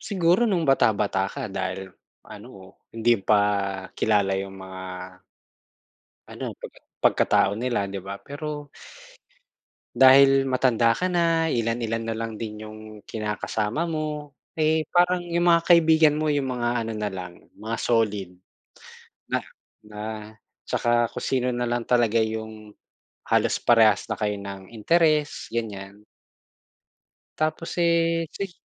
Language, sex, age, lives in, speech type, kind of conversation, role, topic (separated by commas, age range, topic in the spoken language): Filipino, male, 40-44, Philippines, conversation, unstructured, none, Ano ang kahalagahan ng pagtitiwala sa pagkakaibigan para sa’yo?